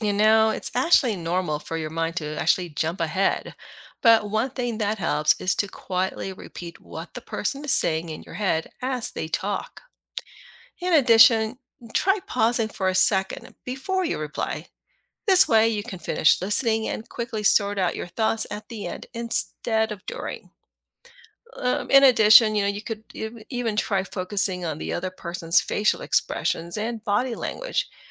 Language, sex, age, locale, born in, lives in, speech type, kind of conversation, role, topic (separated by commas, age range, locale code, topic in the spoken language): English, female, 50-54, en-US, Philippines, United States, read, expert-assistant, expert, What makes someone a good listener?